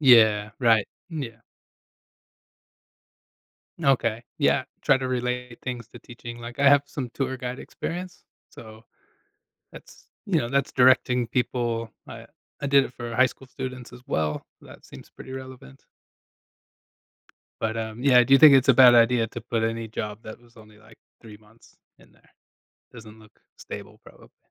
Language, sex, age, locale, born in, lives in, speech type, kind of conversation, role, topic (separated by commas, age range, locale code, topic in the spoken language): English, male, 35-39, en-US, United States, United States, conversation, advice, user, How can I tell if it's the right time for me to change jobs?